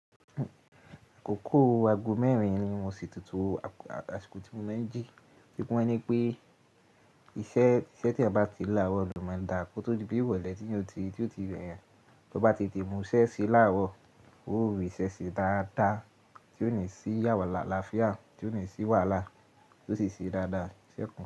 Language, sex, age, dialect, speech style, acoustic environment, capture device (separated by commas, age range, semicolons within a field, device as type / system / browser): Yoruba, male, 18-24, Nigeria - Ibadan, happy; relaxed, nature, mobile / Linux / Chrome